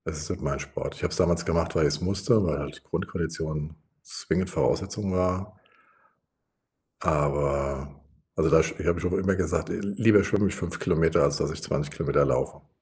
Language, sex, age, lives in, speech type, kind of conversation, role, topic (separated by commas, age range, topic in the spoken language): German, male, 60-64, Germany, conversation, advice, user, Wie finde ich nach einer Trainingspause meine Motivation fürs Training wieder?